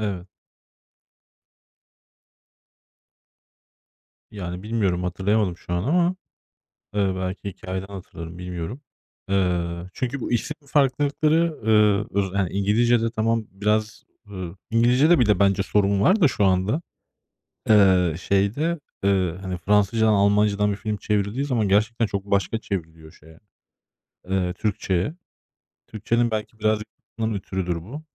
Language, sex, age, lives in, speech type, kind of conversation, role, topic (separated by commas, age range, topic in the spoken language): Turkish, male, 35-39, Germany, conversation, unstructured, none, Sevdiğiniz bir filmin sizi neden üzdüğünü hiç düşündünüz mü?